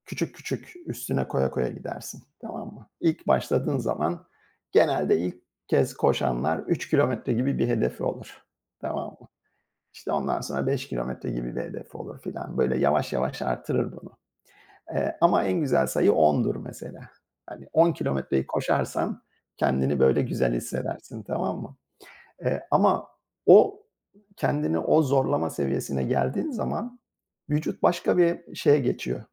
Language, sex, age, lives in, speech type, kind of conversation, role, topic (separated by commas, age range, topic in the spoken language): Turkish, male, 50-54, United States, conversation, unstructured, none, Hangi sporu yapmak seni en çok mutlu ediyor?